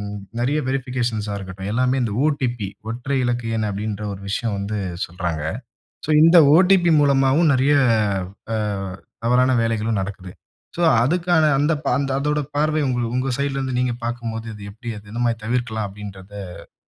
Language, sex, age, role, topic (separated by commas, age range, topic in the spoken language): Tamil, male, 25-29, host, ஆன்லைனில் உங்கள் தனியுரிமையை எளிதாக எப்படி பாதுகாப்பீர்கள்?